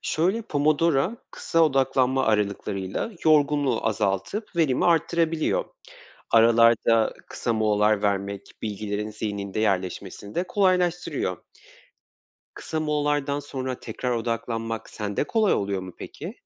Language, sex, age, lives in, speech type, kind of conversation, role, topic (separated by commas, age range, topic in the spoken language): Turkish, male, 25-29, Poland, read, expert-assistant, expert, Günlük koşuşturma arasında kalıcı öğrenmeyi nasıl sağlayabilirim?